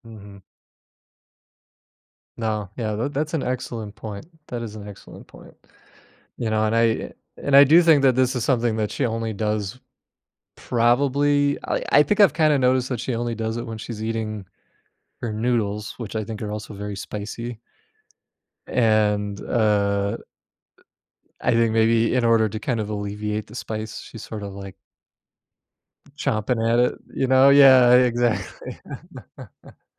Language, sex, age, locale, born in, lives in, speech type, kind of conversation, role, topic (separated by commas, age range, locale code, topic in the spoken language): English, male, 35-39, en-US, United States, United States, conversation, advice, user, How can I address my partner's table manners?